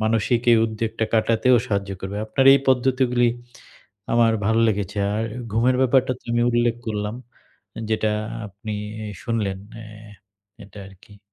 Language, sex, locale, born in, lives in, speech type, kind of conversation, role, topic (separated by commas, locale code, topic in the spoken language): Bengali, male, bn-BD, Bangladesh, Bangladesh, conversation, advice, user, আমি প্রতিদিনের ছোট ছোট উদ্বেগগুলোকে কীভাবে স্বাভাবিকভাবে গ্রহণ করে মোকাবিলা করতে পারি?